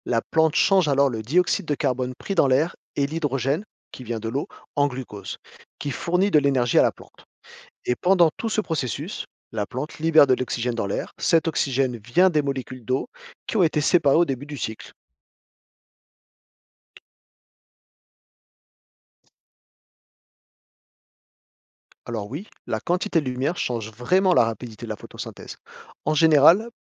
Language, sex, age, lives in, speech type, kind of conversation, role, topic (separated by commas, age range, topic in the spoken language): French, male, 40-44, France, read, expert-assistant, expert, Qu’est-ce que la photosynthèse et pourquoi est-elle essentielle à la vie ?